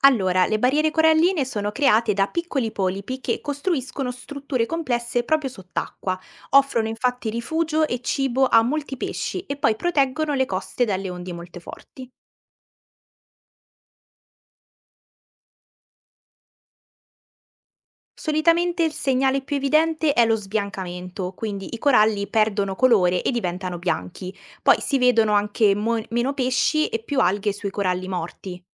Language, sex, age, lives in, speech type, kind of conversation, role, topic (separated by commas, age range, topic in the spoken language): Italian, female, 18-19, Italy, read, expert-assistant, expert, Come possiamo proteggere e ripristinare le barriere coralline?